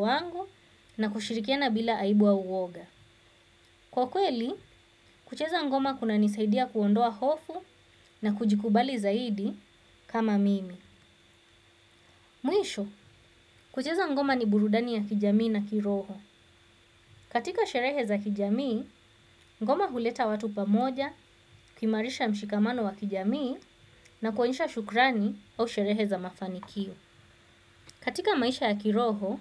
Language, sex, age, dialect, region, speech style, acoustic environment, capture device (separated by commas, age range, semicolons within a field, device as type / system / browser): Swahili, female, 25-34, Kenya - Mombasa, Kenya, focused, silence, desktop / Windows / Chrome